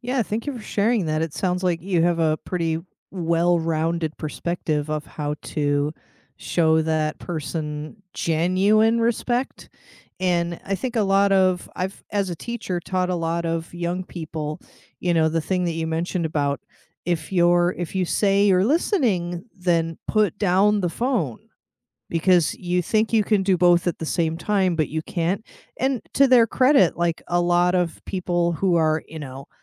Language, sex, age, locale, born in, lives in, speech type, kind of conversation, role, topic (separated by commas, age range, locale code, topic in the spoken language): English, female, 40-44, en-US, United States, United States, conversation, unstructured, none, How do you stay respectful, feel heard, and keep your relationship strong when you disagree?